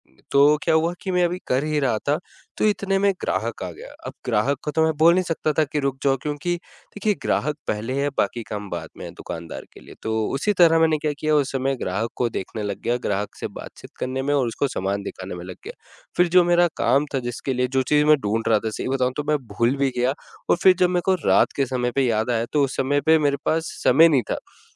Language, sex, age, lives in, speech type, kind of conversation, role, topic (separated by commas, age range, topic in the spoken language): Hindi, male, 20-24, India, conversation, advice, user, मैं अपना ध्यान लंबे समय तक कैसे बनाए रखूँ?